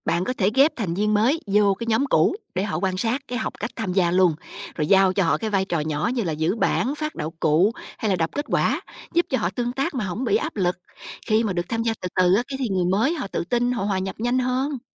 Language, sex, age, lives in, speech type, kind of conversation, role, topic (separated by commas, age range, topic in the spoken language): Vietnamese, female, 45-49, United States, read, expert-assistant, expert, Làm sao để biến việc học lý thuyết khô khan thành trải nghiệm thú vị và dễ nhớ hơn?